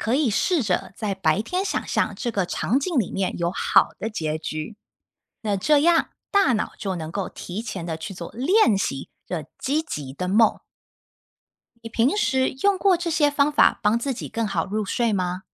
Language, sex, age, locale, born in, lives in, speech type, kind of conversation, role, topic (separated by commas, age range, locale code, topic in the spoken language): Chinese, female, 30-34, zh-TW, Taiwan, United States, read, expert-assistant, expert, 为什么我们会做梦？